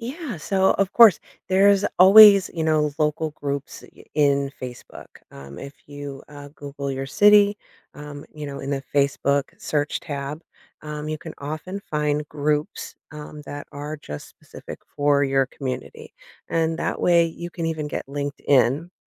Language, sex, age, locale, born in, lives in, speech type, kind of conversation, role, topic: English, female, 40-44, en-US, United States, United States, conversation, advice, advisor, How do I settle in and build meaningful connections after moving to a new city?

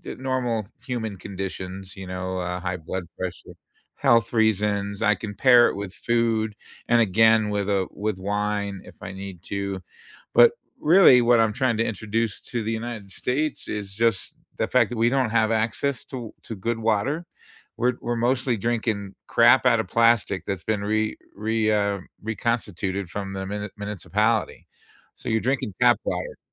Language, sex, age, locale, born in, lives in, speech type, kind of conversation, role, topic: English, male, 55-59, en-US, United States, United States, conversation, unstructured, none, Have you ever tried to convince someone to take up your hobby?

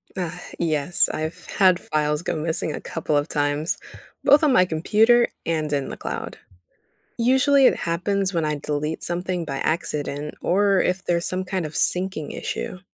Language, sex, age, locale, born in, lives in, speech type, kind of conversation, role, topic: English, female, 18-19, en-US, United States, United States, read, expert-assistant, asker, What is cloud computing and how does it store your files online?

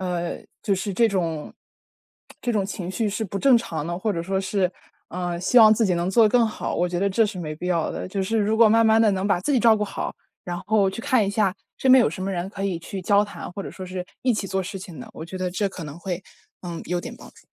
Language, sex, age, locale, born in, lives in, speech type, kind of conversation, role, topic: Chinese, female, 20-24, zh-CN, China, United States, conversation, advice, advisor, 离别后我该如何处理自己的情绪？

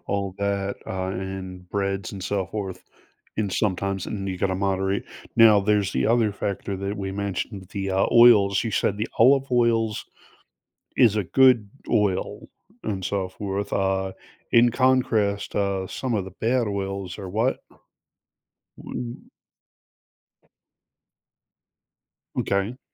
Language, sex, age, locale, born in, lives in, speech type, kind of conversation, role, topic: English, male, 45-49, en-US, United States, United States, conversation, advice, user, How can I find tasty, low-calorie snacks that keep me full during a busy day?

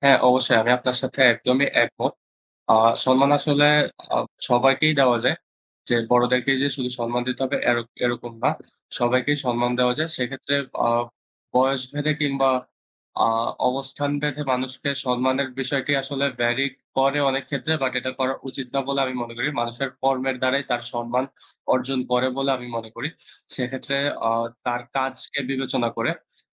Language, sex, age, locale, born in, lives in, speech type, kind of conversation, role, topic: Bengali, male, 20-24, bn-BD, Bangladesh, Bangladesh, conversation, unstructured, none, কীভাবে অন্যদের প্রতি সম্মান দেখানো যায়?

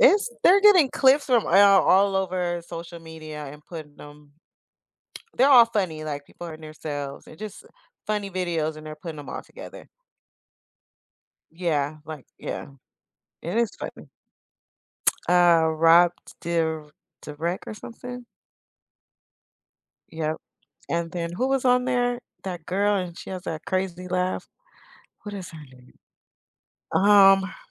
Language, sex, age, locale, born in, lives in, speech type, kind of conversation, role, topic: English, female, 35-39, en-US, United States, United States, conversation, unstructured, none, What reality TV moments can’t you stop talking about, and why?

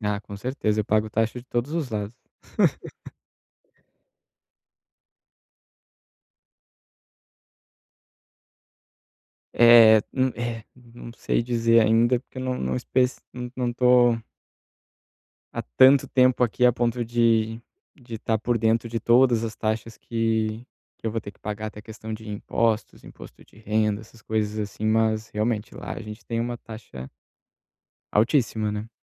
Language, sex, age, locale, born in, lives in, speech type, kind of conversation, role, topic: Portuguese, male, 30-34, pt-BR, Brazil, Italy, conversation, advice, user, Como posso abrir uma conta bancária em um novo país?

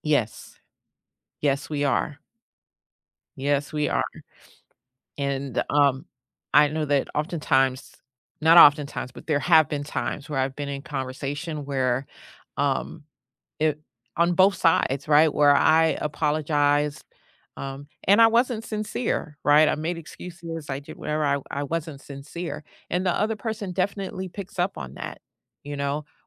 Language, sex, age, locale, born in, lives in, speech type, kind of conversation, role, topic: English, female, 50-54, en-US, United States, United States, conversation, unstructured, none, What is the best way to apologize when you are wrong?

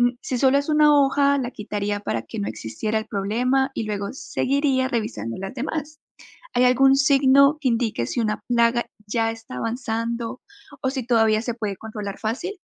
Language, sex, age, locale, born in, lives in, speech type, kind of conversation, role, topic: Spanish, female, 25-29, es-CO, Colombia, United States, read, expert-assistant, asker, ¿Cómo empezar a cultivar tomates y otras plantas en un balcón?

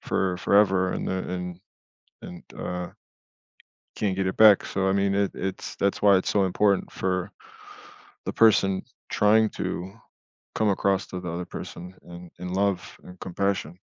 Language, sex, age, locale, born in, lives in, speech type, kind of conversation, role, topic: English, male, 35-39, en-US, United States, United States, conversation, podcast, guest, What are some meaningful ways families can help each other get through difficult times?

